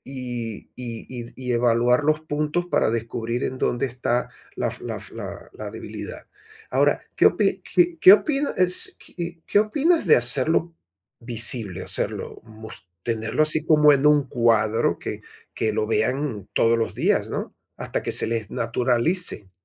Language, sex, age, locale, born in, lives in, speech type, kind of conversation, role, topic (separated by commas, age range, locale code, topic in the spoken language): Spanish, male, 55-59, es-VE, Venezuela, Portugal, conversation, advice, user, ¿Cómo puedo mantener la calidad y los resultados al delegar tareas importantes a mi equipo?